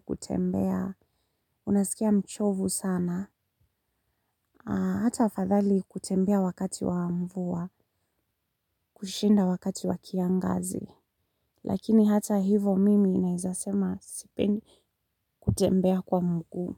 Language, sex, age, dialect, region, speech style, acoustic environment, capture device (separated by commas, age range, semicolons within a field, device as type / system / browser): Swahili, female, 35-44, Kenya - Nairobi Sheng-influenced, Kenya, tired, silence, mobile / Linux / Chrome